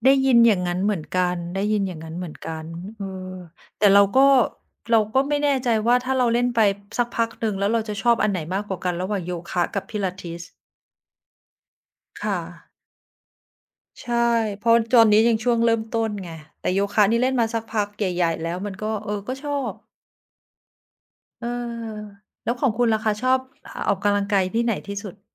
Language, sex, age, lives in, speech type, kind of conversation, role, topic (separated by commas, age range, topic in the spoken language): Thai, female, 45-49, Thailand, conversation, unstructured, none, คุณคิดว่าการออกกำลังกายช่วยให้ชีวิตดีขึ้นอย่างไร?